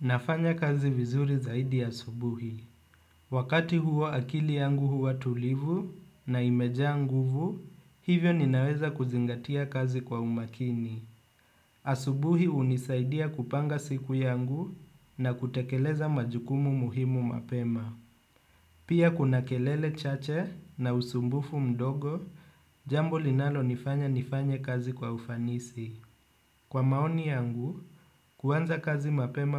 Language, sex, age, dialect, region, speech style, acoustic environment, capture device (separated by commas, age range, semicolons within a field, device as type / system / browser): Swahili, male, 25-34, Kenya - Nairobi Sheng-influenced, Kenya, relaxed, appliances, desktop / Windows / Chrome